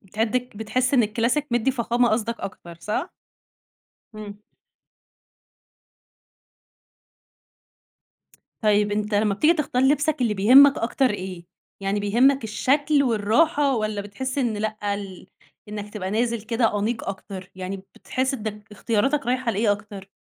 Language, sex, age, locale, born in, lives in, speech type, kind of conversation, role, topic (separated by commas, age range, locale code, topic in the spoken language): Arabic, female, 20-24, ar-EG, Egypt, Egypt, conversation, podcast, host, إزاي بتوازن بين الراحة والشياكة في لبسك؟